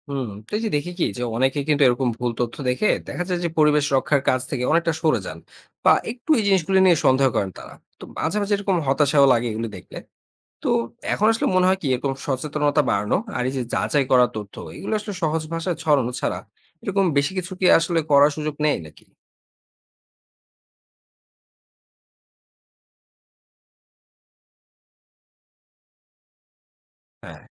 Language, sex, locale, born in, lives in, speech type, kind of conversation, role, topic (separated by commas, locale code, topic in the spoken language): Bengali, male, bn-BD, Bangladesh, Bangladesh, read, expert-assistant, asker, আমরা যখন খবর বা সোশ্যাল মিডিয়াতে সমুদ্রের দূষণ নিয়ে কিছু পড়ি, তখন কিভাবে বুঝব সেটা সত্যি নাকি বাড়িয়ে বলা হয়েছে?